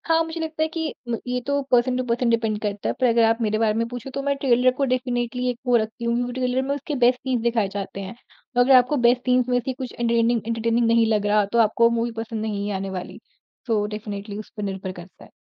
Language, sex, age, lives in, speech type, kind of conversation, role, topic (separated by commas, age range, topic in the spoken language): Hindi, female, 40-44, India, conversation, podcast, guest, Streaming platforms ne tumhari dekhne ki aadat kitni badli hai, aur tumne kya-kya badlav notice kiya hai?